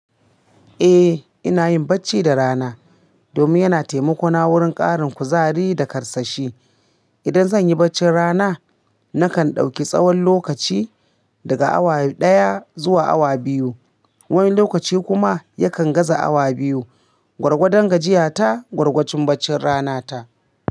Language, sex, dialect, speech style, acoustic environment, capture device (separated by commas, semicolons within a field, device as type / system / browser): Hausa, female, Nigeria - Kano, happy, appliances, mobile / Linux / Chrome